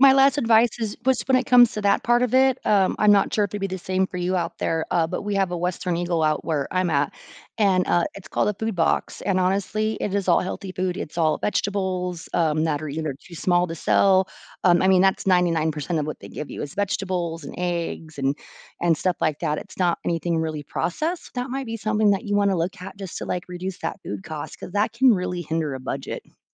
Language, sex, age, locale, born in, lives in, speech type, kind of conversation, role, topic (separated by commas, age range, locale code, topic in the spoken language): English, female, 40-44, en-US, United States, United States, conversation, advice, advisor, How can I manage mounting bills and reduce the financial anxiety I'm facing?